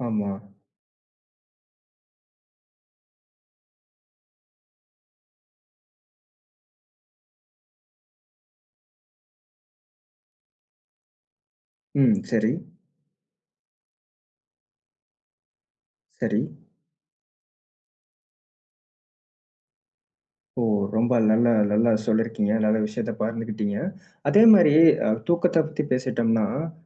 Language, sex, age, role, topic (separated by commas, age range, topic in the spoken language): Tamil, male, 25-29, host, சிறிது நேரம் தூங்கினால் அது உங்களுக்கு எப்படி உதவும்?